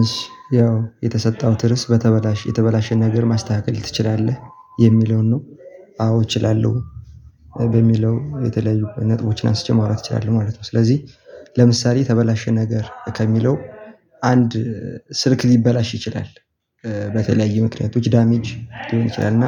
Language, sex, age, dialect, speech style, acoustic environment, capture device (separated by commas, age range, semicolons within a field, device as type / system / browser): Amharic, male, 18-24, Ethiopia - Gojjam, happy, people talking, mobile / Linux / Chrome